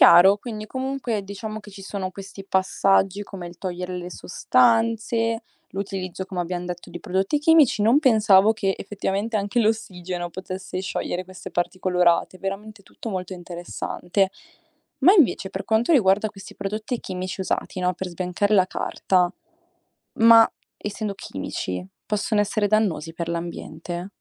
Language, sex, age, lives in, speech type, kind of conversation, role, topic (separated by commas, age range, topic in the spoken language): Italian, female, 18-19, Italy, read, expert-assistant, asker, Come si produce la carta a partire dagli alberi?